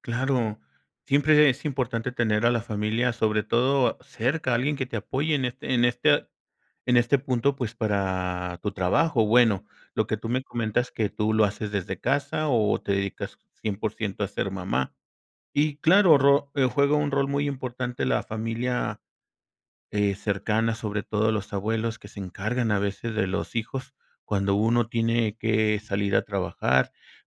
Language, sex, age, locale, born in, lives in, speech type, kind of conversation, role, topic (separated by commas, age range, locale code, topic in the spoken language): Spanish, male, 50-54, es-MX, Mexico, Mexico, conversation, podcast, host, ¿Qué papel cumple una plaza o un parque en la vida comunitaria?